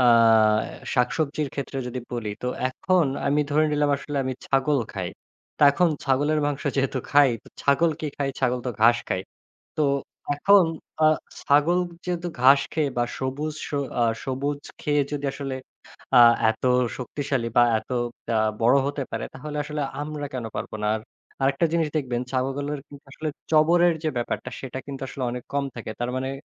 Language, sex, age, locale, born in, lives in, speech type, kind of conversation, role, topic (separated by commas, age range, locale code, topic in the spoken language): Bengali, male, 25-29, bn-BD, Bangladesh, Bangladesh, conversation, podcast, guest, ফুড ডেলিভারি অ্যাপ আপনার রান্না ও খাওয়ার রুটিন কীভাবে বদলে দিয়েছে?